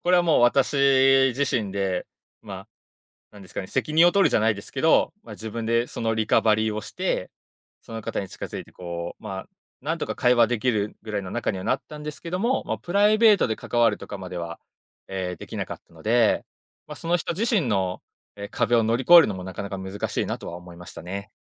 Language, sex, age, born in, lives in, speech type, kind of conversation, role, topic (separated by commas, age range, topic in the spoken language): Japanese, male, 25-29, Japan, Japan, conversation, podcast, guest, コミュニティに参加する不安をどう乗り越える？